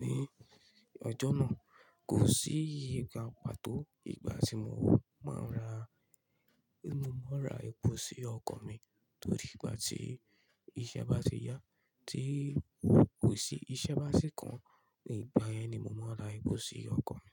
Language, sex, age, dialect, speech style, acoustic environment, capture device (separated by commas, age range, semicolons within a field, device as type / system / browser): Yoruba, male, 25-34, Nigeria - Ibadan, focused, nature, mobile / Linux / Chrome